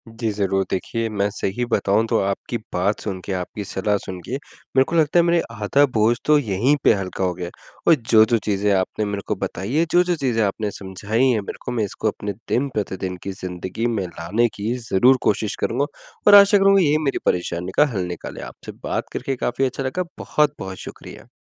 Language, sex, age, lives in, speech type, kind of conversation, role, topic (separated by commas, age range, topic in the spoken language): Hindi, male, 20-24, India, conversation, advice, user, व्यस्त समय-सारिणी में सकारात्मक आदतें कैसे बनाई जा सकती हैं?